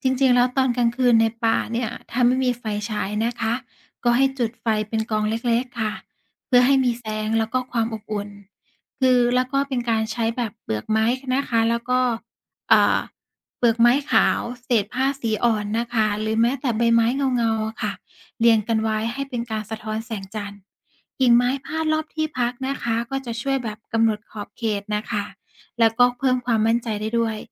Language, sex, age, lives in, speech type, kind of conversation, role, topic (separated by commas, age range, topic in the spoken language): Thai, female, 35-39, Thailand, read, expert-assistant, expert, จะรับมือกับปัญหาเมื่อต้องเดินป่าแล้วเกิดหลงทางหรือขาดแคลนทรัพยากรได้อย่างไร โดยเฉพาะในพื้นที่ที่ไม่มีสัญญาณโทรศัพท์?